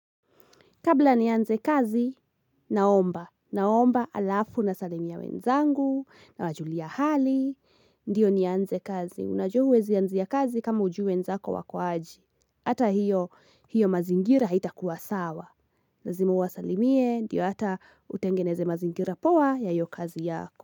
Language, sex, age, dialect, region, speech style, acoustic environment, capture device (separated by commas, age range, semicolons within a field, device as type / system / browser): Swahili, female, 18-24, Kenya - Kiambu, Kenya, relaxed, silence, mobile / Linux / Chrome